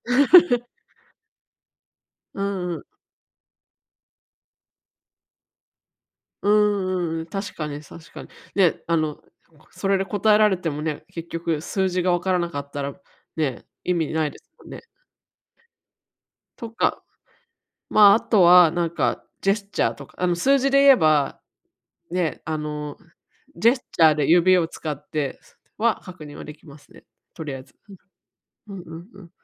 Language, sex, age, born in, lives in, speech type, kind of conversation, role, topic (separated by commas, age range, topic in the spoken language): Japanese, female, 35-39, Japan, United States, conversation, advice, advisor, 新しい言語の基礎を早く身につけるには、どうすればよいですか？